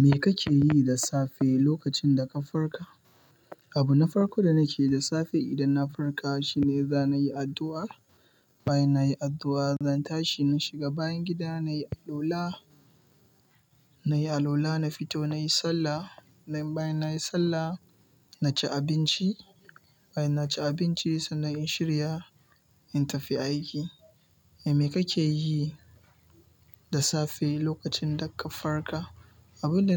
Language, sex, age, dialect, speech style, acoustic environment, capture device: Hausa, male, 18-24, Nigeria - Kano, relaxed, nature, mobile / Linux / Chrome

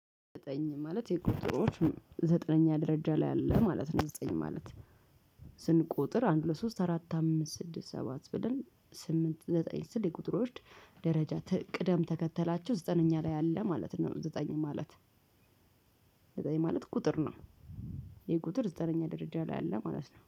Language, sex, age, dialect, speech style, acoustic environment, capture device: Amharic, female, 35-44, Ethiopia - Addis Ababa, nervous, construction, mobile / Linux / Chrome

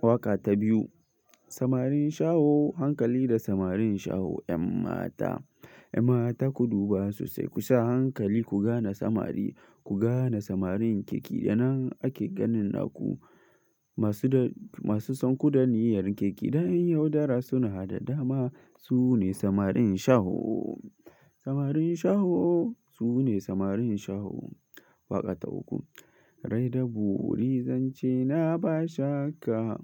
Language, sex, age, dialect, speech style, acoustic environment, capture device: Hausa, male, 18-24, Nigeria - Kano, focused, silence; nature, mobile / Linux / Chrome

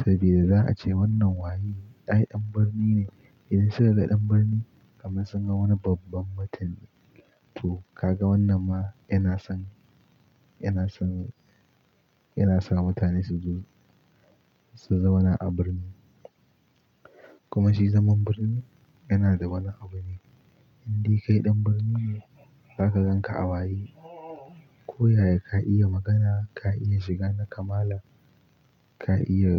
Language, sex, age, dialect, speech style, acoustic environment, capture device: Hausa, male, 18-24, Nigeria - Pidgin-influenced, happy; excited, silence, mobile / Linux / Chrome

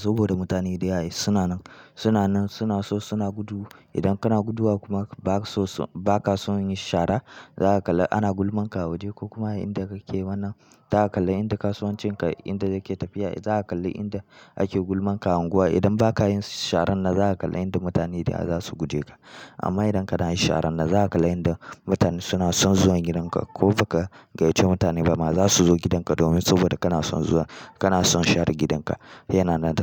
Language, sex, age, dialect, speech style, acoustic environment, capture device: Hausa, male, 18-24, Nigeria - Kano, happy, people talking; nature, mobile / Linux / Chrome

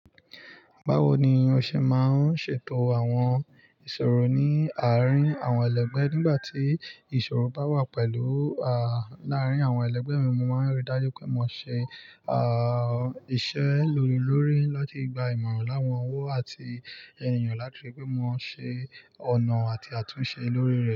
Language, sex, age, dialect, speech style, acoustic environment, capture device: Yoruba, male, 25-34, Nigeria - Lagos Yoruba, focused, nature, mobile / Linux / Chrome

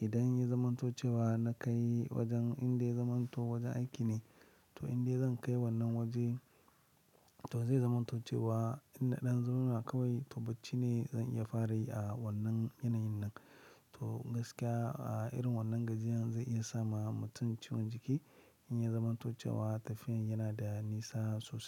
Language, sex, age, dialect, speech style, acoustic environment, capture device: Hausa, male, 25-34, Nigeria - Lagos Yoruba, happy; excited, nature, mobile / Linux / Chrome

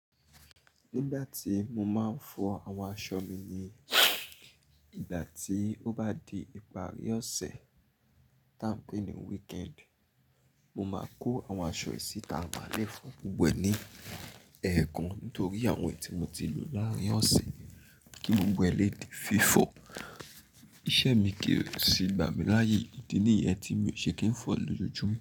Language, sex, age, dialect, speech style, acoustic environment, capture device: Yoruba, male, 25-34, Nigeria - Nigerian Standard, happy, nature, mobile / Linux / Chrome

